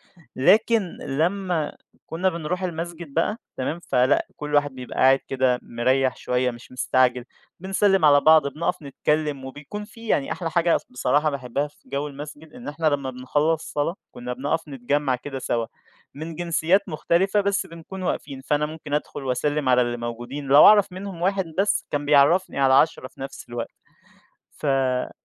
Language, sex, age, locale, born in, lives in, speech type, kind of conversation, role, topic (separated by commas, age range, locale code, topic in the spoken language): Arabic, male, 20-24, ar-EG, Egypt, Egypt, conversation, podcast, guest, إزاي بتكوّن صداقات جدّية لما تنقل لمدينة جديدة؟